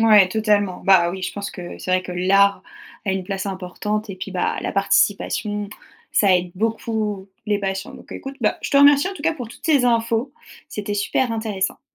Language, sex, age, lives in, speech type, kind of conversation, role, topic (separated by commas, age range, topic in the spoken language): French, female, 30-34, France, read, expert-assistant, asker, Quelles idées simples pourraient vraiment améliorer l’expérience des patients à l’hôpital ?